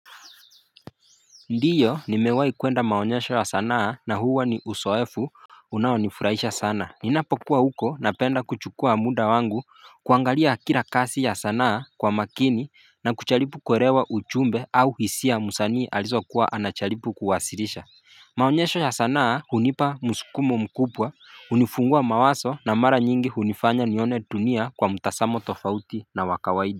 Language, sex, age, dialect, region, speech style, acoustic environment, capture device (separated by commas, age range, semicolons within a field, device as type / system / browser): Swahili, male, 25-34, Kenya - Mombasa, Kenya, excited, nature, mobile / Linux / Chrome